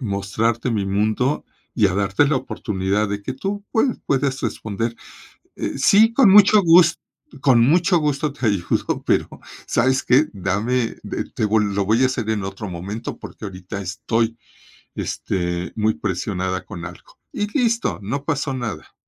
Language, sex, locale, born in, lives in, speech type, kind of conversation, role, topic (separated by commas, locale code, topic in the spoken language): Spanish, male, es-MX, Mexico, Mexico, conversation, podcast, guest, ¿Cómo construyes una buena red de contactos?